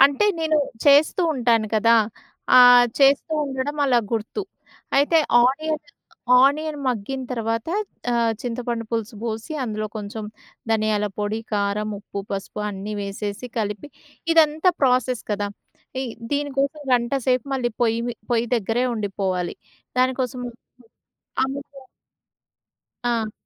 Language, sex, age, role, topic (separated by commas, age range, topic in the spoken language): Telugu, female, 30-34, guest, మీకు ప్రత్యేకంగా గుర్తుండిపోయిన వంటకం జ్ఞాపకం ఏది?